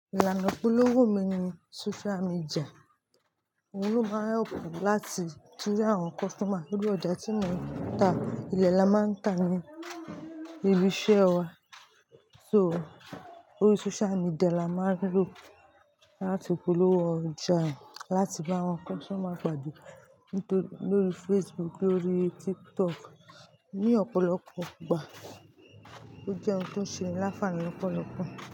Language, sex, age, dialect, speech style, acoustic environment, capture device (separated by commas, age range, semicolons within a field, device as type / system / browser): Yoruba, female, 25-34, Nigeria - Lagos Yoruba, relaxed, nature, mobile / Linux / Chrome